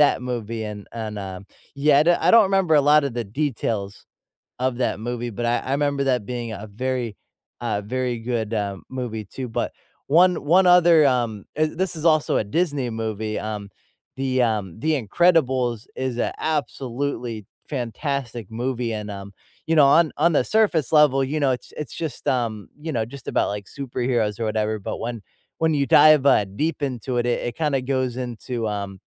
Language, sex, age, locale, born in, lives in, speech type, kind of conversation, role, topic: English, male, 25-29, en-US, United States, United States, conversation, unstructured, none, Which animated films unexpectedly hit you harder as an adult, and why do they resonate now?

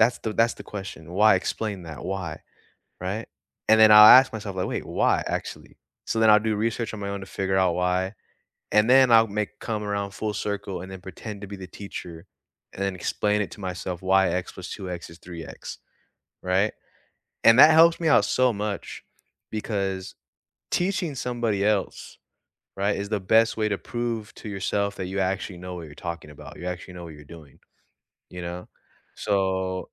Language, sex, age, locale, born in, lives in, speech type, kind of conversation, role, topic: English, male, 20-24, en-US, United States, United States, conversation, unstructured, none, How do you learn best—through notes, videos, projects, or conversations—and what makes it click for you?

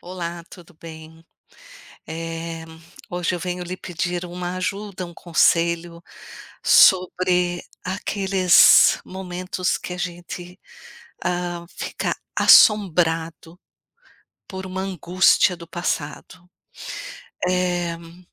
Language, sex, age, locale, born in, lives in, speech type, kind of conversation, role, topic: Portuguese, female, 55-59, pt-BR, Brazil, United States, conversation, advice, user, Como a ruminação sobre erros passados gera angústia em você?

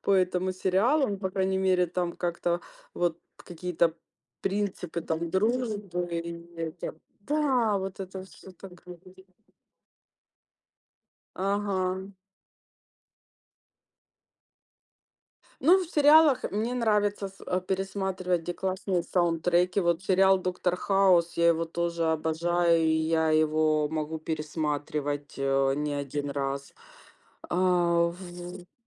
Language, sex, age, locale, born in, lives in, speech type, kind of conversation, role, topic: Russian, female, 45-49, ru-RU, Ukraine, Spain, conversation, unstructured, none, Какой фильм или сериал ты можешь пересматривать снова и снова?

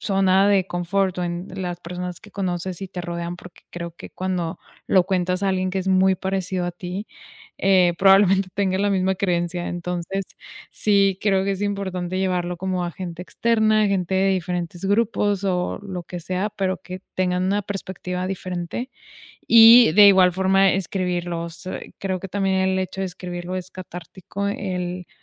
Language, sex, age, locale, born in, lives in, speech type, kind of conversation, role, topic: Spanish, female, 25-29, es-MX, Mexico, Mexico, conversation, podcast, guest, ¿Qué acción simple recomendarías para empezar a soltar una creencia o hábito hoy?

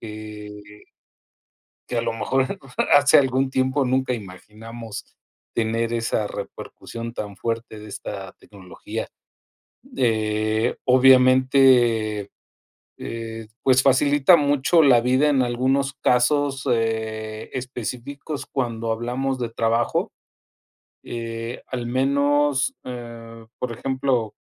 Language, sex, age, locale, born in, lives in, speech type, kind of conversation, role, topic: Spanish, male, 50-54, es-MX, Mexico, Mexico, conversation, unstructured, none, ¿De qué manera la tecnología ha facilitado tu vida diaria?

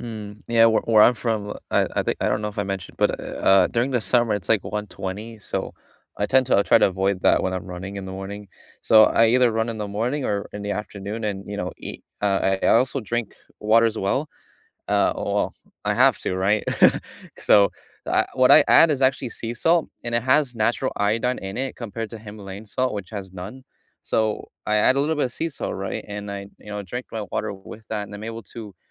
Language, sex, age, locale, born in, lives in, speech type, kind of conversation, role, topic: English, male, 20-24, en-US, United States, United States, conversation, unstructured, none, Which small daily habit has noticeably improved your life, and what's the story behind it?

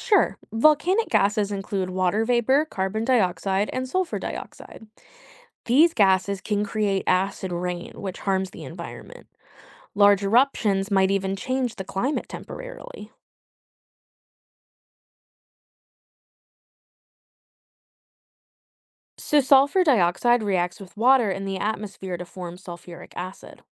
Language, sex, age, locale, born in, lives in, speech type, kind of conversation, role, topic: English, female, 25-29, en-US, United States, United States, read, expert-assistant, expert, How do volcanoes cause destruction when they erupt?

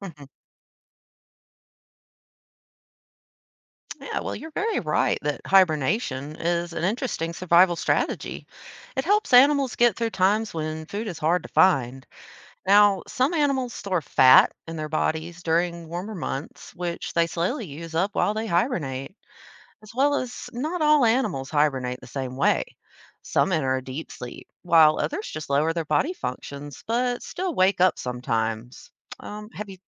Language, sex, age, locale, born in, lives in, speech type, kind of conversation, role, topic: English, female, 30-34, en-US, United States, United States, read, expert-assistant, expert, How do animals adapt to survive seasonal changes?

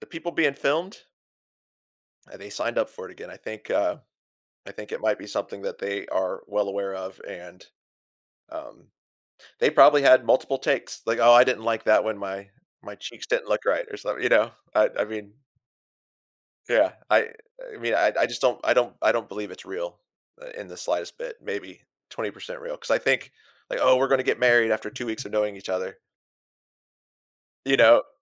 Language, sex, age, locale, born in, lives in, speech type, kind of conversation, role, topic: English, male, 45-49, en-US, United States, United States, conversation, unstructured, none, How do you think reality TV affects our views on privacy and personal boundaries?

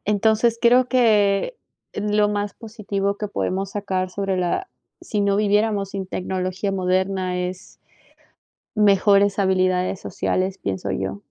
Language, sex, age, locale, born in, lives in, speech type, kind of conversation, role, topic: Spanish, female, 20-24, es-PE, Peru, United States, conversation, unstructured, none, ¿Cómo te imaginas el mundo sin tecnología moderna?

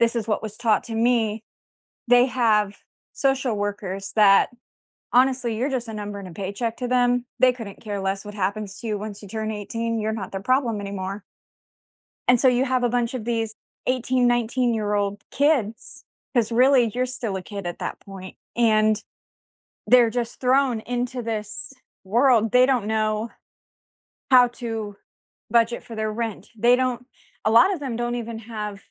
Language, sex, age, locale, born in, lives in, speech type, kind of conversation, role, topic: English, female, 30-34, en-US, United States, United States, conversation, unstructured, none, What class do you wish schools offered?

